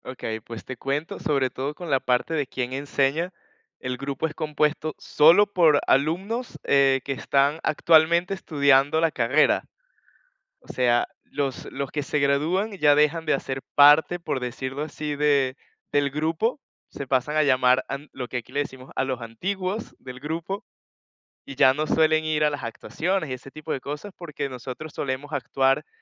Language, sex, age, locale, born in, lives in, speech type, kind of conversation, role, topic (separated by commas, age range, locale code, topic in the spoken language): Spanish, male, 20-24, es-VE, Venezuela, Portugal, conversation, podcast, guest, ¿Cómo descubriste tu pasión creativa?